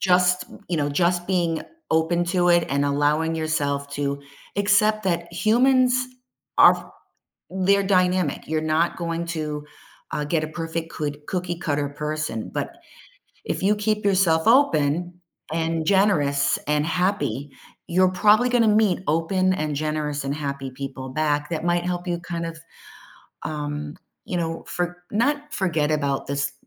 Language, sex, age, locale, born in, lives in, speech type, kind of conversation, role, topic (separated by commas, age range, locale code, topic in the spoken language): English, female, 50-54, en-US, United States, United States, conversation, advice, advisor, How can I cope with loneliness and start reconnecting after a breakup?